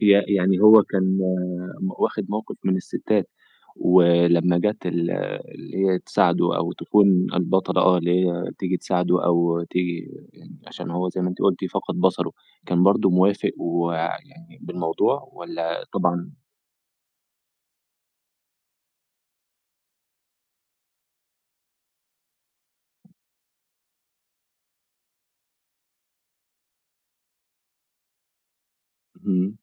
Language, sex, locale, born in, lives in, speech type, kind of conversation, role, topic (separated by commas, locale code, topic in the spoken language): Arabic, male, ar-EG, Egypt, Egypt, conversation, podcast, host, إيه اللي بيخلي فيلم يبقى كلاسيكي مع مرور السنين؟